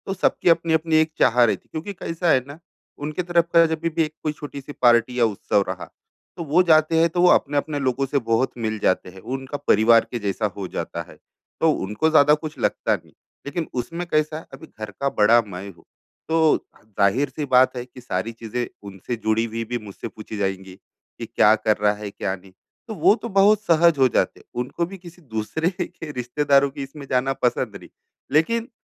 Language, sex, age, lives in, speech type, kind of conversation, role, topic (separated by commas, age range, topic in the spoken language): Hindi, male, 35-39, India, conversation, advice, user, पार्टी और उत्सवों में सामाजिक अपेक्षाओं और अपनी व्यक्तिगत सीमाओं का सामना कैसे करूँ?